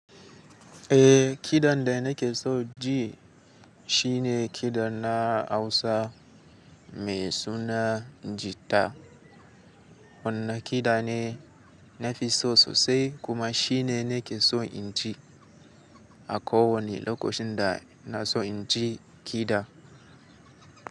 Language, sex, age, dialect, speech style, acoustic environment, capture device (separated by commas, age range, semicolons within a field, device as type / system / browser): Hausa, male, 18-24, Nigeria - Katsina, excited, nature, mobile / Linux / Chrome